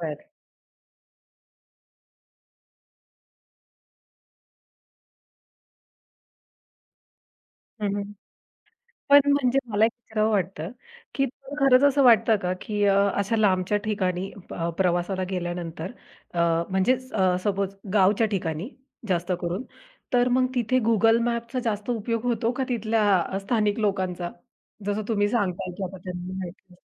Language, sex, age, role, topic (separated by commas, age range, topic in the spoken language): Marathi, female, 30-34, host, प्रवासात तुम्ही कधी तरी वाट हरवली आहे का?